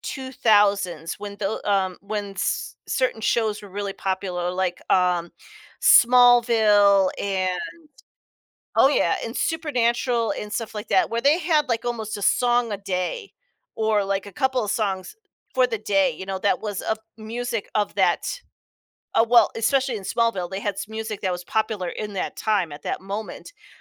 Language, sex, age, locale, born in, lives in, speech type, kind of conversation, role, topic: English, female, 45-49, en-US, United States, United States, conversation, podcast, host, Why do certain songs or melodies make us feel different emotions?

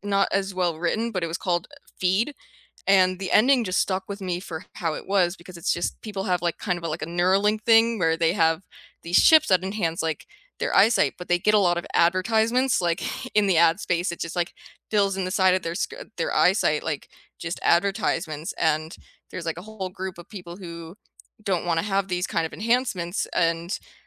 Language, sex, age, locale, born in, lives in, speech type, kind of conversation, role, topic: English, female, 25-29, en-US, United States, United States, conversation, unstructured, none, Which books or life lessons have reshaped your perspective, and what made them stick with you?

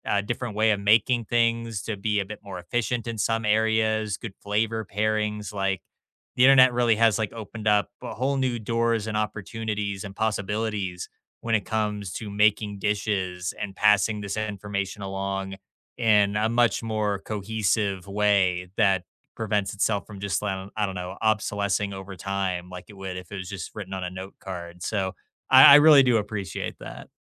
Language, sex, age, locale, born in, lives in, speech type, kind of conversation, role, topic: English, male, 25-29, en-US, United States, United States, conversation, unstructured, none, How do you feel when a family recipe is lost over time?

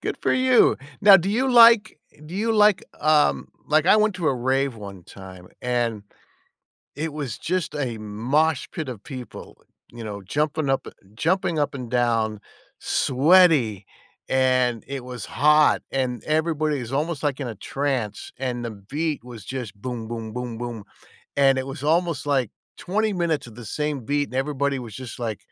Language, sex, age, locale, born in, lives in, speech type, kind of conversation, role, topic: English, male, 60-64, en-US, United States, United States, conversation, unstructured, none, What kind of music best fits your everyday moments?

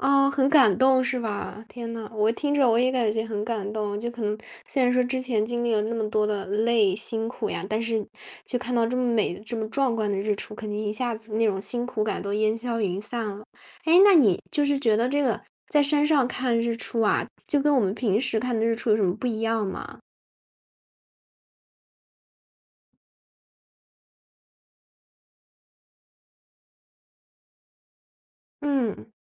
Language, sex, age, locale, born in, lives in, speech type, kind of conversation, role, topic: Chinese, female, 20-24, zh-CN, China, Germany, conversation, podcast, host, 你最喜欢的一次看日出或日落的经历是什么？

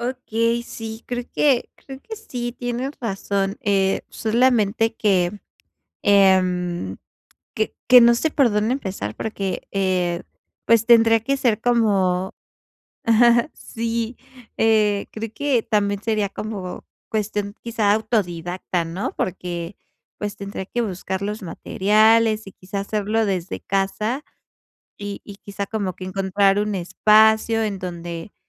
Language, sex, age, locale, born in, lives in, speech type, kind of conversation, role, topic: Spanish, female, 25-29, es-MX, Mexico, Mexico, conversation, advice, user, ¿Cómo puedo encontrar tiempo para dedicarme a mis pasiones?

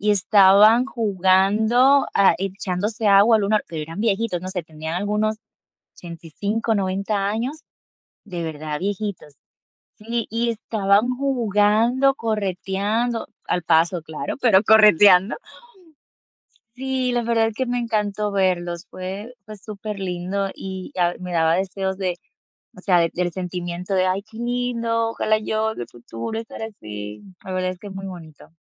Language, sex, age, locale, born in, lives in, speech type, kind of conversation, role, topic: Spanish, female, 30-34, es-DO, Dominican Republic, United States, conversation, unstructured, none, ¿Qué cosas pequeñas pueden mejorar una relación día a día?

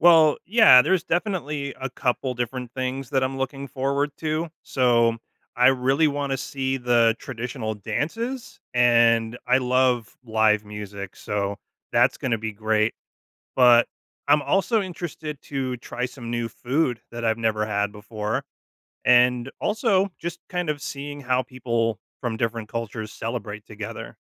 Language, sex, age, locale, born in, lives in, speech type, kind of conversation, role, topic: English, male, 35-39, en-US, United States, United States, read, expert-assistant, asker, How does learning about different cultures make you more open-minded?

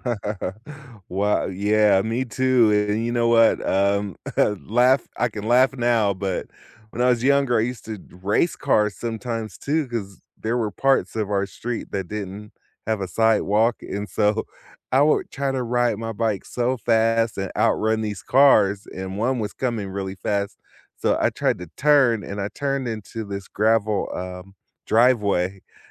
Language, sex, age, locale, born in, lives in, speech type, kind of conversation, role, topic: English, male, 50-54, en-US, United States, United States, conversation, unstructured, none, Which childhood neighborhood moments still make you smile, and what made that place feel like home?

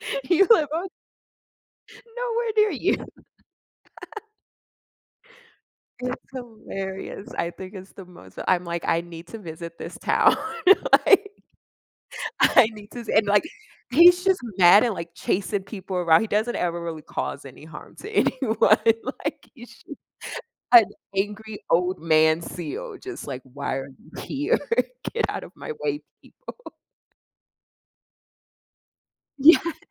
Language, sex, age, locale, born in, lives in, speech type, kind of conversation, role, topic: English, female, 30-34, en-US, United States, United States, conversation, unstructured, none, How do animals impact our emotions and well-being?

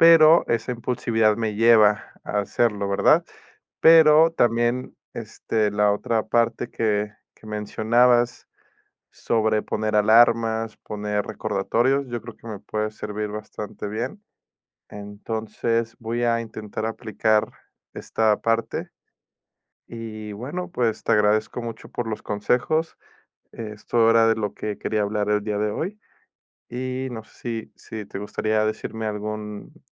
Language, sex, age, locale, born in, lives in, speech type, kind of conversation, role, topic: Spanish, male, 30-34, es-MX, Mexico, Mexico, conversation, advice, user, ¿Cómo puedo reemplazar mis impulsos automáticos por acciones conscientes?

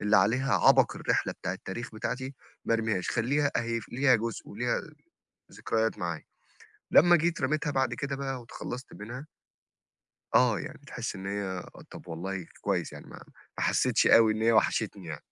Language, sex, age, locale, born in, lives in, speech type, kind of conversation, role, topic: Arabic, male, 25-29, ar-EG, Egypt, Egypt, conversation, advice, user, إزاي أتعامل مع تكدس الحاجات في البيت ومش قادر أتخلص منها؟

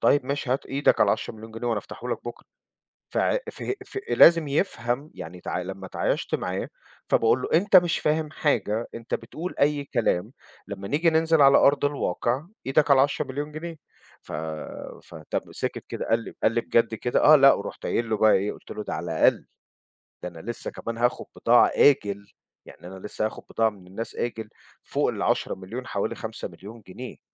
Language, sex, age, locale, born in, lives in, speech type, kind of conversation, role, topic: Arabic, male, 40-44, ar-EG, Egypt, United States, conversation, podcast, guest, إزاي بتتعامل مع ضغوط المجتمع لما تيجي تختار قراراتك؟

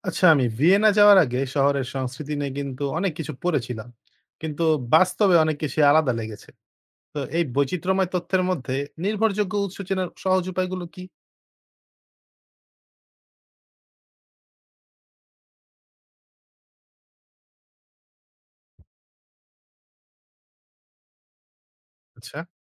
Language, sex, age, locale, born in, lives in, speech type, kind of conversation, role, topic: Bengali, male, 20-24, bn-BD, Bangladesh, Bangladesh, read, expert-assistant, asker, আপনি যখন কোনো শহরের সংস্কৃতি সম্পর্কে তথ্য পড়েন, তখন কীভাবে বুঝবেন তথ্যটা সত্যি ও নির্ভরযোগ্য?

